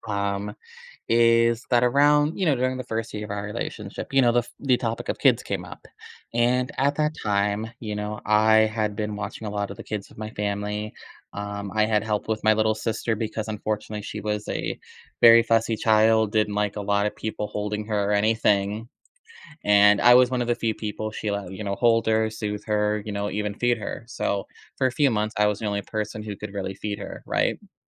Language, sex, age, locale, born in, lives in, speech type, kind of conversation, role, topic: English, male, 25-29, en-US, United States, United States, conversation, advice, user, How should I prepare for a difficult conversation?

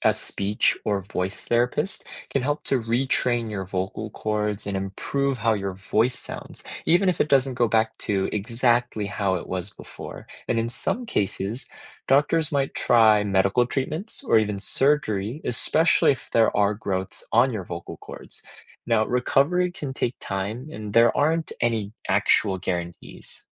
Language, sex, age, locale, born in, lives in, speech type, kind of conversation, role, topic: English, male, 25-29, en-US, United States, United States, read, expert-assistant, expert, What makes your voice sound different from someone else's?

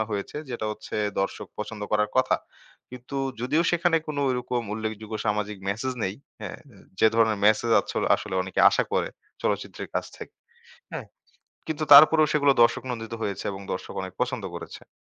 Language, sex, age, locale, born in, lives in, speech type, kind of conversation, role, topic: Bengali, male, 25-29, bn-BD, Bangladesh, Bangladesh, conversation, podcast, guest, চলচ্চিত্রে সামাজিক বার্তা দেখানো উচিত কি না—আপনি কী মনে করেন?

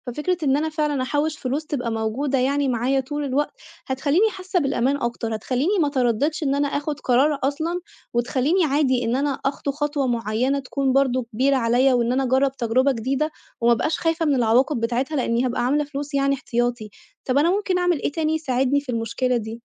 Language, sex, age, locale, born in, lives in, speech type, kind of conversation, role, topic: Arabic, female, 25-29, ar-EG, Egypt, Italy, conversation, advice, user, هل أسيب وظيفتي المستقرة عشان أدور على شغل ليه معنى أكتر؟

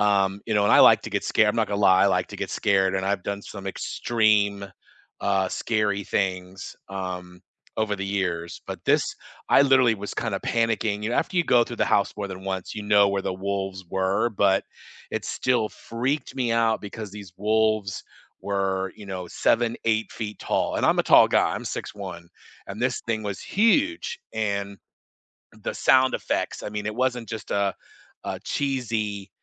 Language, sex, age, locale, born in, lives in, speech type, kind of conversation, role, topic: English, male, 50-54, en-US, United States, United States, conversation, unstructured, none, Which movie or TV special effects moment—practical or CGI—absolutely blew your mind, and why?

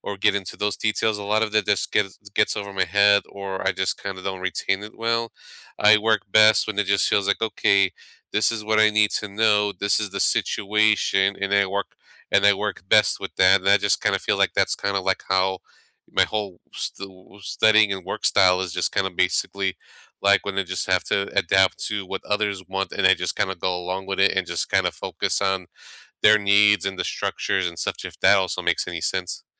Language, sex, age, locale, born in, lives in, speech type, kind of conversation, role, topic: English, male, 35-39, en-US, United States, United States, conversation, unstructured, none, What study habits have actually worked for you?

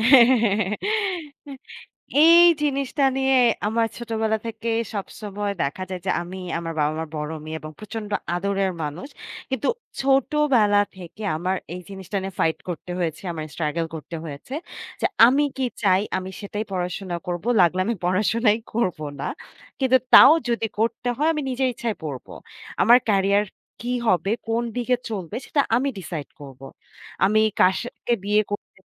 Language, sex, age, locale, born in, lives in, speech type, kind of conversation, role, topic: Bengali, female, 25-29, bn-BD, Bangladesh, Bangladesh, conversation, podcast, guest, আপনার কি মনে হয়, অনুশোচনা থেকে কী শেখা যায়?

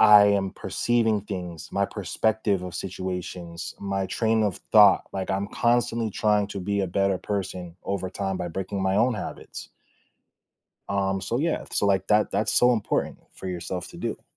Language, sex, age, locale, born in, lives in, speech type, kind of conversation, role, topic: English, male, 25-29, en-US, United States, United States, conversation, podcast, guest, Why is self-care important for maintaining overall well-being in our daily lives?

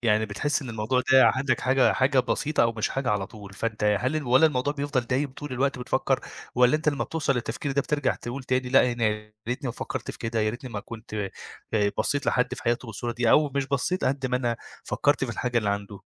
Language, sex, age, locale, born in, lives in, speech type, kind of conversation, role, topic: Arabic, male, 25-29, ar-EG, Egypt, Egypt, conversation, advice, advisor, إزاي بتوصف إحساسك بالنقص لما بتقارن نفسك بغيرك على السوشيال ميديا؟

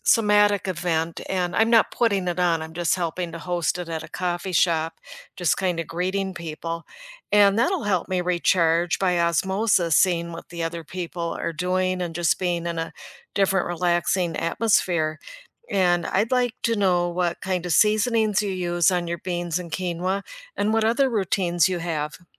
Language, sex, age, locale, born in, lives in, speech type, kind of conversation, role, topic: English, female, 65-69, en-US, United States, United States, conversation, unstructured, none, How do your weekend rituals help you recharge, and why do they matter?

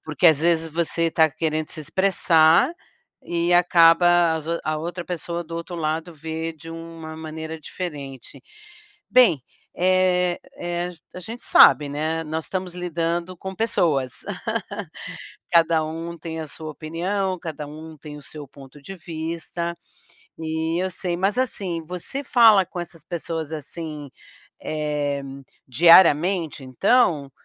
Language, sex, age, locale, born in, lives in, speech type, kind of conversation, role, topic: Portuguese, female, 60-64, pt-BR, Brazil, United States, conversation, advice, advisor, Como posso expressar minha opinião honesta sem perder amizades online?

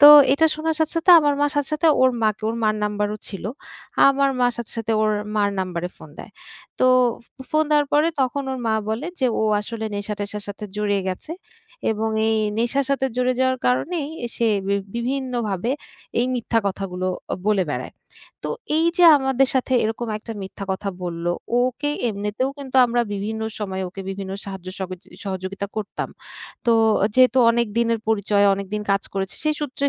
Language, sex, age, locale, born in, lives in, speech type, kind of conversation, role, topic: Bengali, female, 30-34, bn-BD, Bangladesh, Bangladesh, conversation, advice, user, বিশ্বাসঘাতকতার সন্দেহে অনিশ্চয়তা ও আঘাত সামলানো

